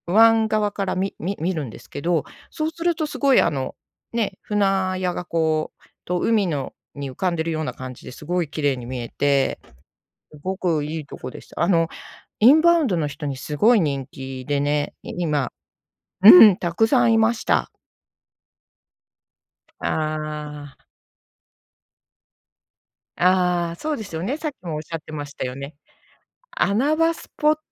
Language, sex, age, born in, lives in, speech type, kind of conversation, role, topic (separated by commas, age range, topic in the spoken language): Japanese, female, 55-59, Japan, Japan, conversation, unstructured, none, 海外旅行と国内旅行では、どちらが好きですか？